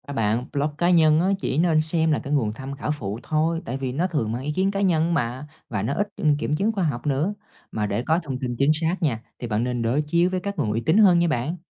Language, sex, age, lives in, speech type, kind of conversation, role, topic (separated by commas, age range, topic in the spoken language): Vietnamese, male, 30-34, Vietnam, read, expert-assistant, expert, Làm sao để đánh giá độ tin cậy của các nguồn thông tin về bảo tồn động vật hoang dã khi có quá nhiều ý kiến trái chiều trên mạng?